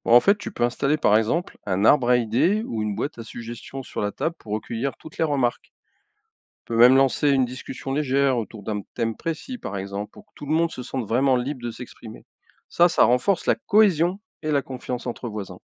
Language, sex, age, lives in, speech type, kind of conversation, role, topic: French, male, 55-59, France, read, expert-assistant, expert, Quelles idées originales pourraient transformer une rue banale en lieu de vie animé ?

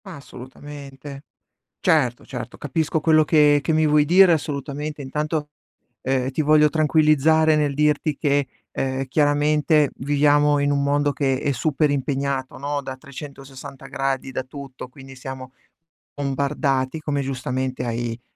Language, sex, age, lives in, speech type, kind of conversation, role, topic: Italian, male, 40-44, Italy, conversation, advice, advisor, Come posso proteggere le mie sessioni creative dalle interruzioni quotidiane?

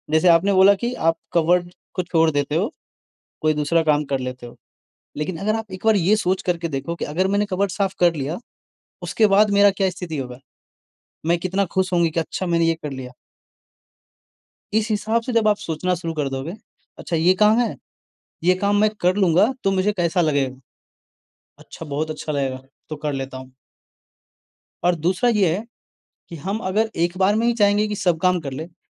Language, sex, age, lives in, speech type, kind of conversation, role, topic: Hindi, male, 20-24, India, conversation, advice, advisor, मैं बार-बार काम टाल देता/देती हूँ और बाद में गहरा पछतावा महसूस करता/करती हूँ—मैं इस आदत को कैसे बदलूँ?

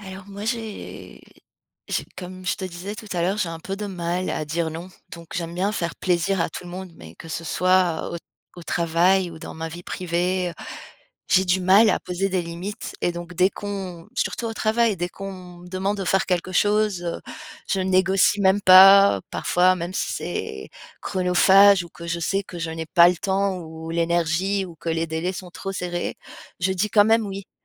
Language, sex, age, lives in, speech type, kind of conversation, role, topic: French, female, 35-39, France, conversation, advice, user, Comment décririez-vous vos envies intenses de malbouffe en période de stress ?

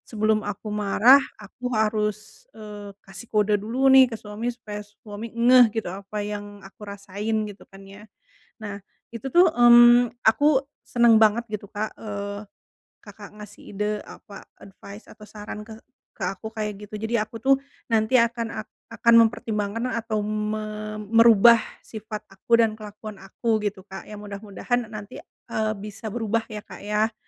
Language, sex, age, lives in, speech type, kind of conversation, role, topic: Indonesian, female, 35-39, Indonesia, conversation, advice, user, Bagaimana cara mengelola emosi saat rutinitas sehari-hari terasa berat?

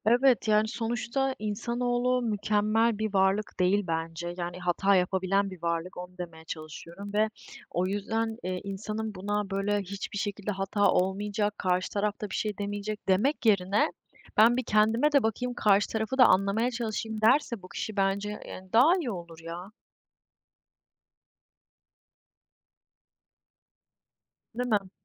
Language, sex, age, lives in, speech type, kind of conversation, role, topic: Turkish, female, 20-24, Germany, conversation, unstructured, none, Kendi hatalarımızı kabul etmek neden önemlidir?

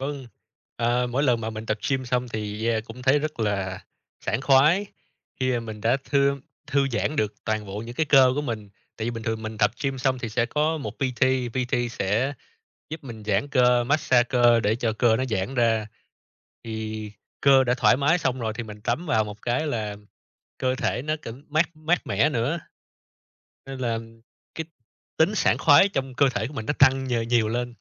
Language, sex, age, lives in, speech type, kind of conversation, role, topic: Vietnamese, male, 30-34, Vietnam, conversation, unstructured, none, Bạn thường bắt đầu ngày mới như thế nào để tràn đầy năng lượng?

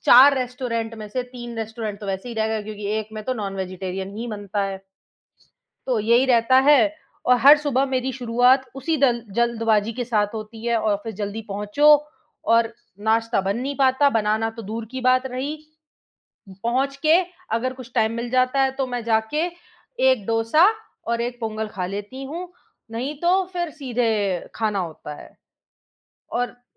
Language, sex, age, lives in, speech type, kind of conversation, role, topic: Hindi, female, 25-29, India, conversation, advice, user, नौकरी की वजह से रोज़ फास्ट फ़ूड खाना पड़ रहा है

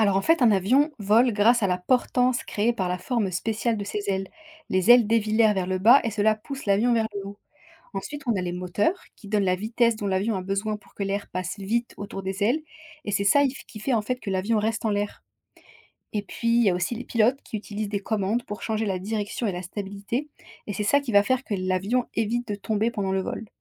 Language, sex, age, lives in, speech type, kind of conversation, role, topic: French, female, 25-29, France, read, expert-assistant, expert, Comment les avions peuvent-ils voler dans le ciel ?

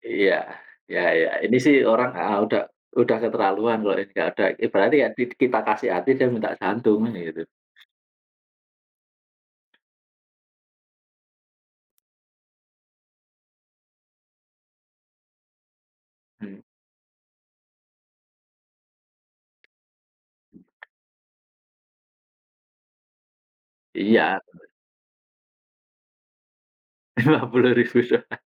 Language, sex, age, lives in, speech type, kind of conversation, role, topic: Indonesian, male, 40-44, Indonesia, conversation, unstructured, none, Bagaimana kamu menyikapi orang yang sering meminjam uang tetapi tidak mau mengembalikannya?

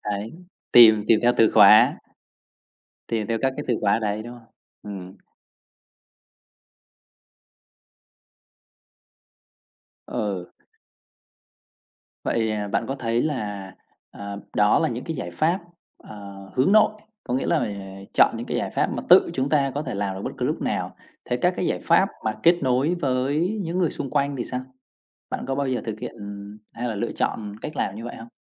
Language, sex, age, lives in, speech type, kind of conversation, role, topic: Vietnamese, male, 30-34, Vietnam, conversation, podcast, host, Bạn thường làm gì để giảm căng thẳng trong ngày?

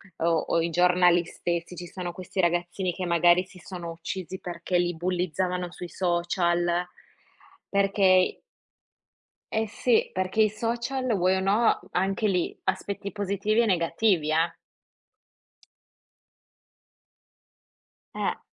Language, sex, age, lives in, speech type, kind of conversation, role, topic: Italian, female, 25-29, Italy, conversation, unstructured, none, Secondo te, la tecnologia rende le persone più connesse o più isolate?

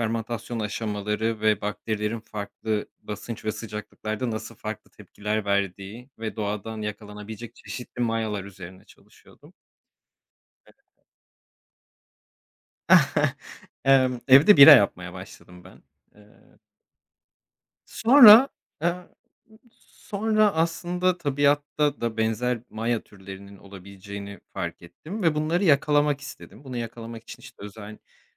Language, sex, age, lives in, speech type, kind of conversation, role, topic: Turkish, male, 30-34, Portugal, conversation, unstructured, none, En büyük pişmanlığın nedir?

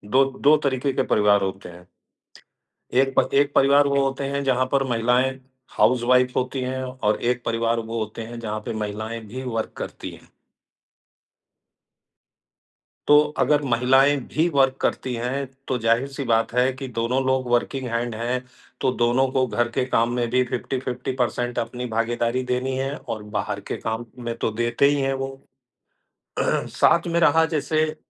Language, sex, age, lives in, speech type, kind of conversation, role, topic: Hindi, male, 55-59, India, conversation, unstructured, none, क्या आपको लगता है कि घर के काम में सबकी बराबर हिस्सेदारी होनी चाहिए?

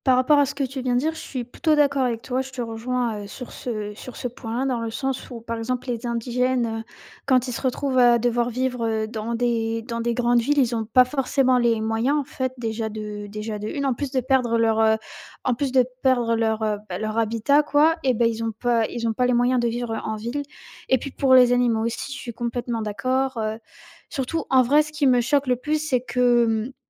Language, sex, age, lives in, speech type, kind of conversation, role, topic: French, female, 18-19, France, conversation, unstructured, none, Comment réagis-tu face à la déforestation massive dans le monde ?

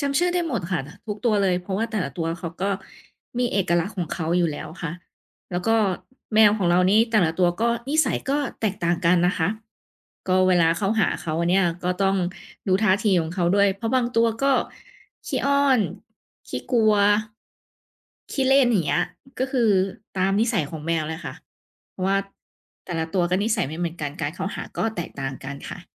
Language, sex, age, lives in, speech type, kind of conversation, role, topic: Thai, female, 30-34, Thailand, conversation, podcast, guest, คุณมีวิธีพักผ่อนยังไงให้รู้สึกเต็มพลังบ้าง?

